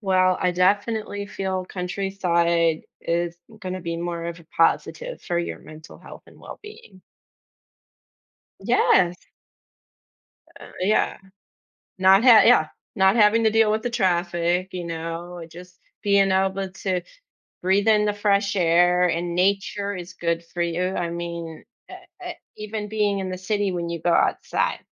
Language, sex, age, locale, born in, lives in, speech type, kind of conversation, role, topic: English, female, 35-39, en-US, United States, United States, conversation, unstructured, none, How do city and country lifestyles shape our daily experiences and well-being?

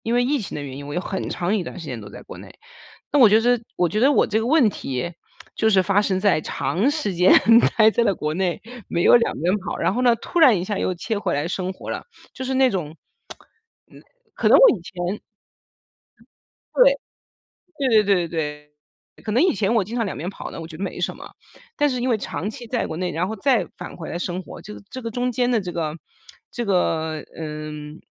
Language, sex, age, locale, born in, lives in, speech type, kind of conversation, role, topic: Chinese, female, 40-44, zh-CN, China, United States, conversation, advice, user, 我剛搬到新國家，常想家又很焦慮，該怎麼調適呢？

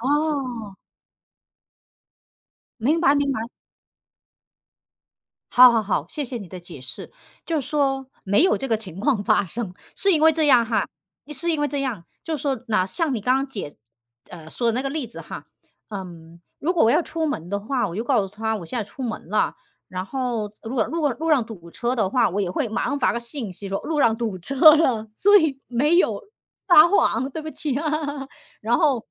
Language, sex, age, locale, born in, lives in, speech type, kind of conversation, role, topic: Chinese, female, 40-44, zh-CN, China, United States, conversation, unstructured, none, 恋人之间撒谎可以接受吗？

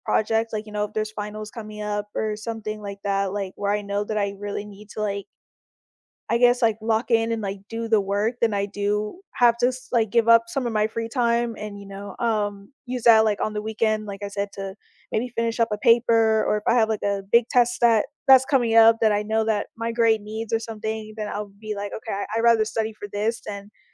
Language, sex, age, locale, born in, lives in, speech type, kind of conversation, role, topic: English, female, 20-24, en-US, United States, United States, conversation, podcast, guest, What helps you maintain a healthy balance between your responsibilities and personal time?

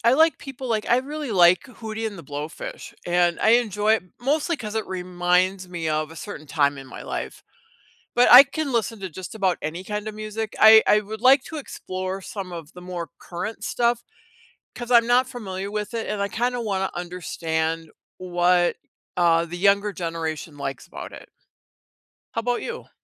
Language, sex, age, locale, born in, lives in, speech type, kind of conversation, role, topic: English, female, 60-64, en-US, United States, United States, conversation, unstructured, none, Which song or playlist best reflects how you feel right now, and why does it resonate with you?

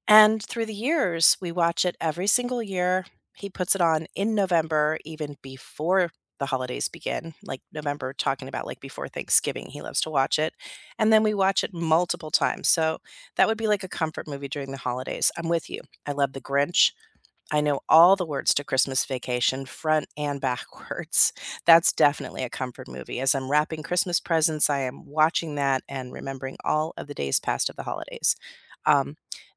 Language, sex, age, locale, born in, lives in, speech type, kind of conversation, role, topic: English, female, 50-54, en-US, United States, United States, conversation, unstructured, none, When you need a pick-me-up, should you rewatch comfort movies or try something new?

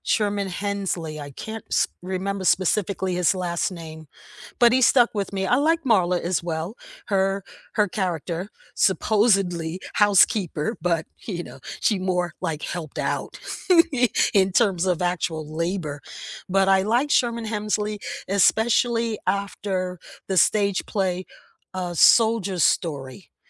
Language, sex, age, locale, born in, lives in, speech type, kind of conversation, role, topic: English, female, 70-74, en-US, United States, United States, conversation, unstructured, none, Which nostalgic cartoons or shows from your past still hold up for you today?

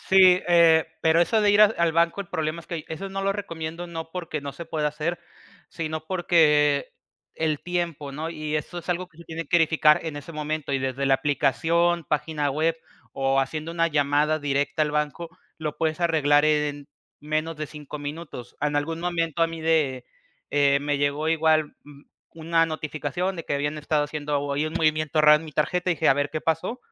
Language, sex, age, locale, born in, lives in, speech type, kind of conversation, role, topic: Spanish, male, 25-29, es-MX, Mexico, Mexico, conversation, podcast, guest, ¿Cómo te proteges del fraude y del phishing en internet?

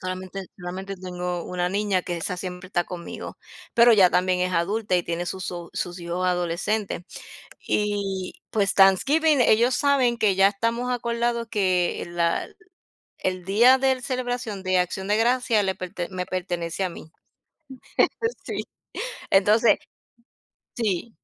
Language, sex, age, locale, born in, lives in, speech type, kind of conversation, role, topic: Spanish, female, 50-54, es-US, United States, United States, conversation, unstructured, none, ¿Qué tradiciones familiares te hacen sentir feliz?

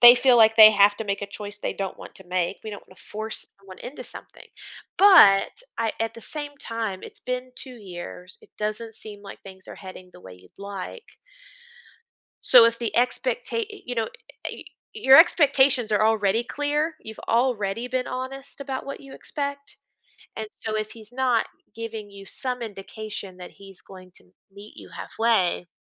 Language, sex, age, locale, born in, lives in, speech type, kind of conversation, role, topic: English, female, 30-34, en-US, United States, United States, conversation, advice, advisor, How can I cope with feeling disgusted by my partner's dishonesty?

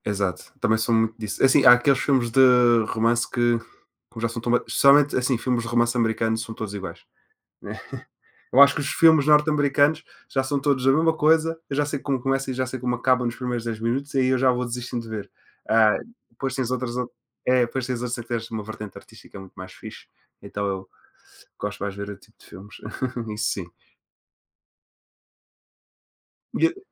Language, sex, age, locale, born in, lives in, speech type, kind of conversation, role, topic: Portuguese, male, 20-24, pt-PT, Portugal, Portugal, conversation, unstructured, none, Como um passatempo pode ajudar a aliviar o estresse do dia a dia?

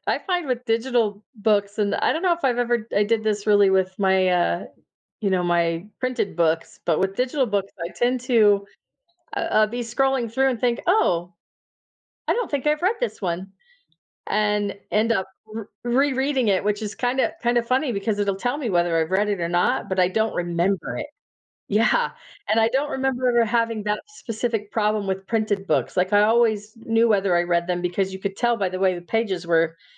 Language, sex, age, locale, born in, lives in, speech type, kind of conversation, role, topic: English, female, 50-54, en-US, United States, United States, conversation, unstructured, none, How has technology changed the way we experience reading?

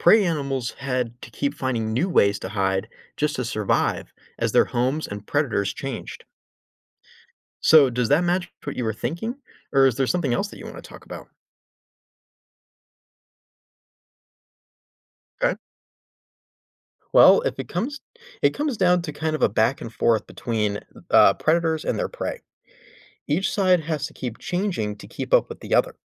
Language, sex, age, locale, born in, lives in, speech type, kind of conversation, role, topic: English, male, 25-29, en-US, United States, United States, read, expert-assistant, expert, Why do some animals use camouflage to hide from predators?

